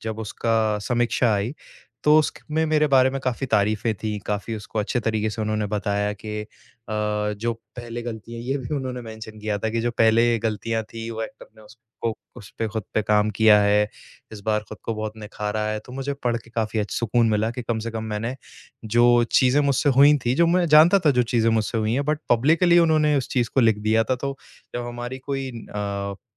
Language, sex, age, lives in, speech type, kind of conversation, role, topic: Hindi, male, 25-29, India, conversation, advice, user, प्रदर्शन समीक्षा में नकारात्मक टिप्पणियों से मेरे करियर पर क्या असर पड़ सकता है?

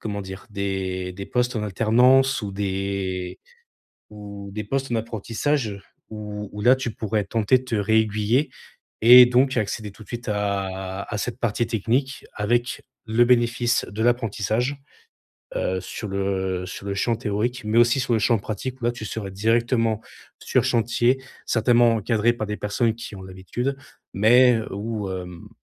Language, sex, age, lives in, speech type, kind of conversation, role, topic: French, male, 25-29, France, conversation, advice, advisor, Quelles compétences devrais-je apprendre en priorité et comment établir un plan d’apprentissage pour progresser professionnellement ?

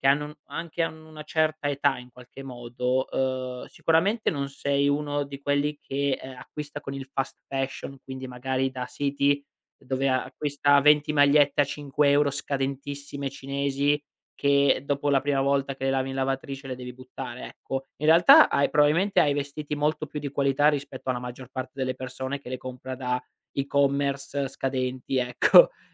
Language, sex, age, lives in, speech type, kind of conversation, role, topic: Italian, male, 25-29, Italy, conversation, advice, advisor, Come ti senti quando vieni giudicato per come ti vesti o ti presenti in pubblico?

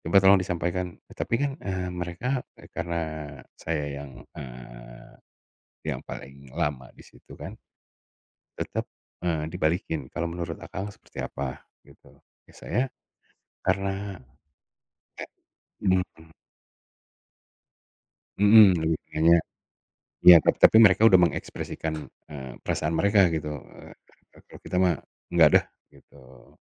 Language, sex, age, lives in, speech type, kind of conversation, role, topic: Indonesian, male, 40-44, Indonesia, conversation, podcast, guest, Bagaimana cara menjaga emosi saat harus bersikap tegas dalam situasi yang memanas?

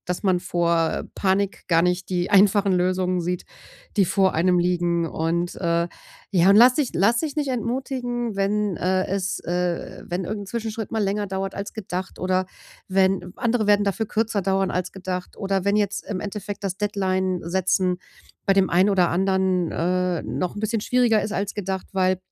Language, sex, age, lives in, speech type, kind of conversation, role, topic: German, female, 40-44, Germany, conversation, advice, advisor, Wie kann ich besser entspannen, ohne ständig abgelenkt zu werden?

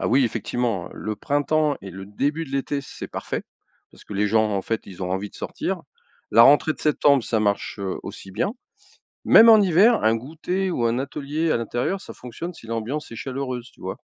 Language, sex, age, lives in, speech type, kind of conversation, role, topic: French, male, 55-59, France, read, expert-assistant, expert, Quelles idées originales pourraient transformer une rue banale en lieu de vie animé ?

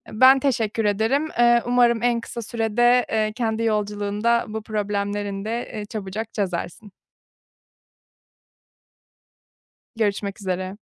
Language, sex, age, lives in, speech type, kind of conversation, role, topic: Turkish, female, 30-34, Germany, conversation, advice, advisor, İçsel tatmin ile dışarıdan gelen onay çatıştığında başarıyı hangi ölçütlere göre değerlendirmeliyim?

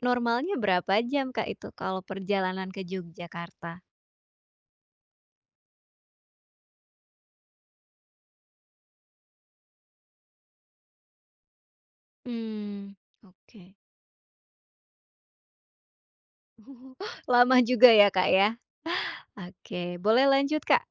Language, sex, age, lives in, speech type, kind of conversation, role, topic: Indonesian, female, 25-29, Indonesia, conversation, podcast, host, Apa momen perjalanan paling berkesan dalam hidupmu?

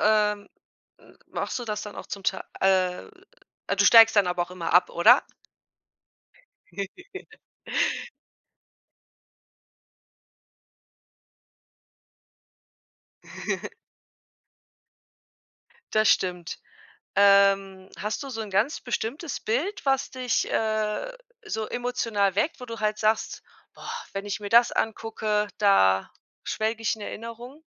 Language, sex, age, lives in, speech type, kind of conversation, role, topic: German, female, 35-39, Germany, conversation, podcast, host, Wie hast du das Fotografieren mit dem Smartphone verbessert?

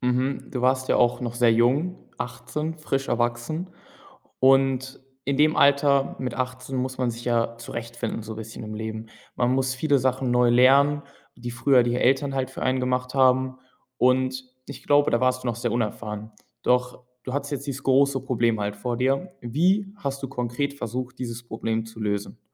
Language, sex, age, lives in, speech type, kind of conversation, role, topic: German, male, 18-19, Germany, conversation, podcast, host, Kannst du von einer Niederlage erzählen, aus der du viel gelernt hast?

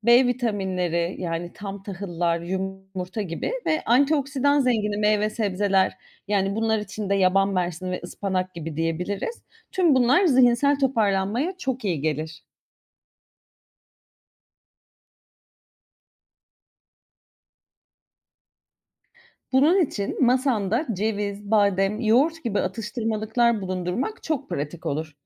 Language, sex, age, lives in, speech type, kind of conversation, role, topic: Turkish, female, 35-39, Greece, read, expert-assistant, expert, Günlük yaşamda stres, uyku ve beslenme arasında zihinsel sağlık açısından hangisine öncelik vermek gerekir?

